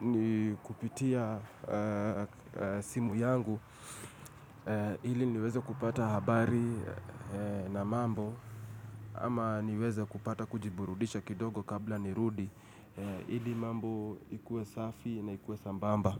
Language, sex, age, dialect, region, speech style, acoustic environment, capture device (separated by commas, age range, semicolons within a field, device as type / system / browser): Swahili, male, 25-34, Kenya - Nairobi Sheng-influenced, Kenya, relaxed, silence, mobile / Linux / Chrome